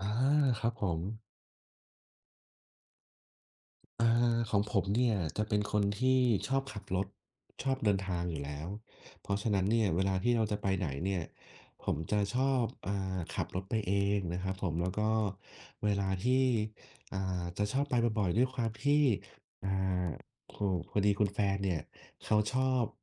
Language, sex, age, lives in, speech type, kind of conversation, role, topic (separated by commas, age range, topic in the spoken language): Thai, male, 30-34, Thailand, conversation, unstructured, none, คุณรู้สึกอย่างไรเมื่อได้อยู่ท่ามกลางธรรมชาติ?